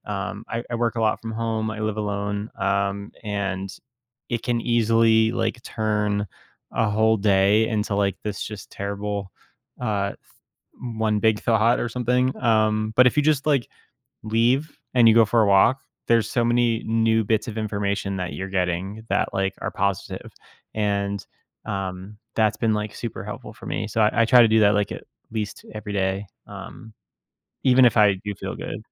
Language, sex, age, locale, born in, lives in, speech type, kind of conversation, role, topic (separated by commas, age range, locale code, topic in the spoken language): English, male, 30-34, en-US, United States, United States, conversation, podcast, host, What are some effective ways to break the cycle of negative self-talk?